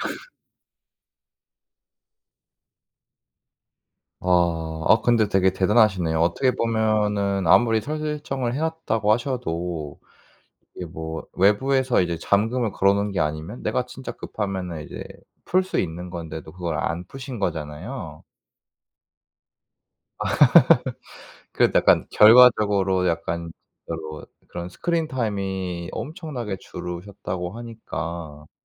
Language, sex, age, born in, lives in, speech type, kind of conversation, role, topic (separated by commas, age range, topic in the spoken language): Korean, male, 25-29, South Korea, South Korea, conversation, podcast, host, 정보가 넘쳐나는 환경에서 집중력을 어떻게 유지할 수 있을까요?